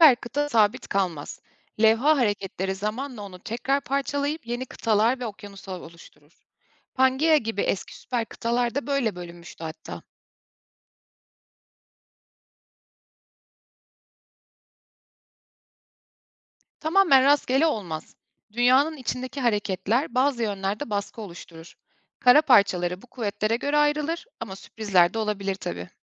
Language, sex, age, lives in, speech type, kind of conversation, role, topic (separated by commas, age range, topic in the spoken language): Turkish, female, 30-34, Germany, read, expert-assistant, expert, Eğer dünyadaki tüm kıtalar tek bir süper kıta hâline yeniden birleşseydi, jeolojik ve günlük hayatımızda ne gibi değişiklikler olurdu?